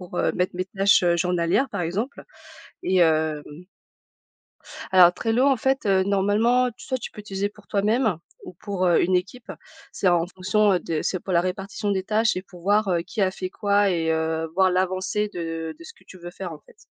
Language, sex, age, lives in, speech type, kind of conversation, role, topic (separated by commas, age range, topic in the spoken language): French, female, 35-39, France, conversation, podcast, guest, Comment concilier concrètement travail et vie de famille ?